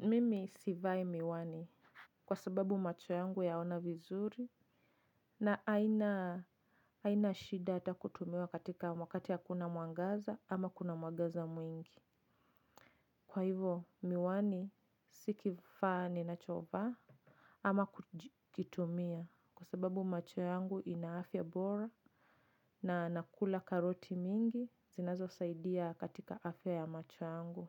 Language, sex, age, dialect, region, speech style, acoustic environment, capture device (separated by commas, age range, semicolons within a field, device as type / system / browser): Swahili, female, 25-34, Kenya - Nairobi Sheng-influenced, Kenya, relaxed, nature, mobile / Linux / Chrome